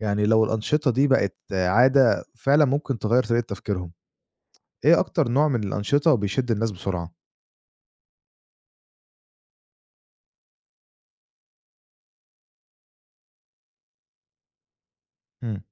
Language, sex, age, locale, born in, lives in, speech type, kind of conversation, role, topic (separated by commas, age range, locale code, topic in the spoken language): Arabic, male, 25-29, ar-EG, Egypt, Egypt, read, expert-assistant, asker, إزاي أستفيد من معرفة إيه اللي بيخلي ناس في دول مختلفة يشاركوا أكتر في السياسة؟